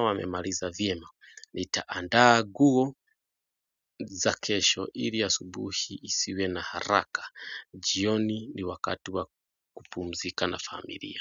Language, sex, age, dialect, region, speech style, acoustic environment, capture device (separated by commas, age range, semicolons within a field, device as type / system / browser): Swahili, male, 25-34, Kenya - Nairobi Sheng-influenced, Kenya, anxious, nature, mobile / Android / Chrome